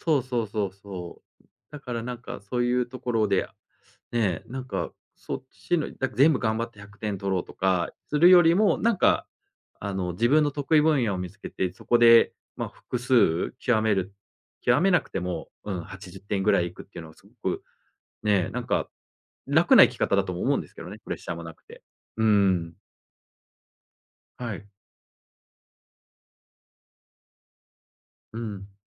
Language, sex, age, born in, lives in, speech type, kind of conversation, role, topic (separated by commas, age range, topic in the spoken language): Japanese, male, 40-44, Japan, Japan, conversation, podcast, guest, 完璧主義をどうやって抑える？